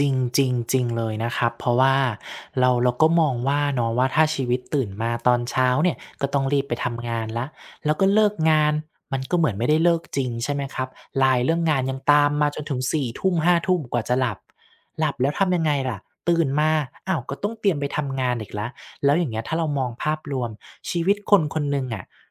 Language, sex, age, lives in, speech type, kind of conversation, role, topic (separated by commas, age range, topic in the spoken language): Thai, male, 35-39, Thailand, conversation, podcast, guest, คุณคิดว่างานแบบไหนทำให้ชีวิตมีความสุขที่สุด?